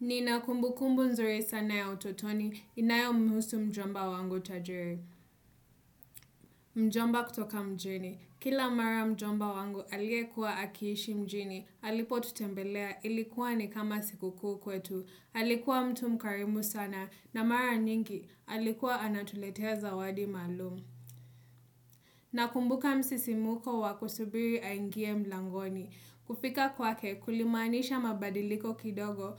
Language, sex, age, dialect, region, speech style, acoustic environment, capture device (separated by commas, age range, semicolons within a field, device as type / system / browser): Swahili, female, 25-34, Kenya - Mombasa, Kenya, happy; relaxed; focused, nature; appliances; silence, desktop / Windows / Chrome